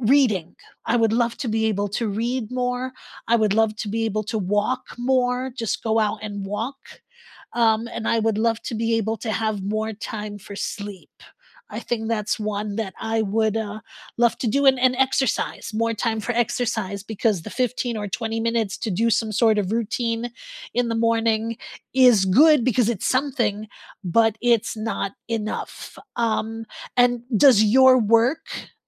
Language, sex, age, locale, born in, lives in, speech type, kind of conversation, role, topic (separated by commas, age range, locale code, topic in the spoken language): English, female, 50-54, en-US, United States, United States, conversation, unstructured, none, If you cleared your schedule of nonessential commitments, what would you make time for over the next year?